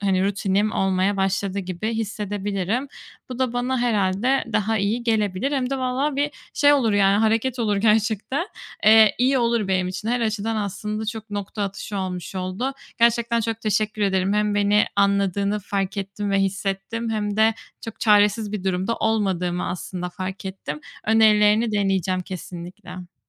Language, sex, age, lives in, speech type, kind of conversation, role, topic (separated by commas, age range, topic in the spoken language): Turkish, female, 25-29, Spain, conversation, advice, user, Evde rahatlayıp zihinsel olarak dinlenmekte zorlanıyorsam ne yapabilirim?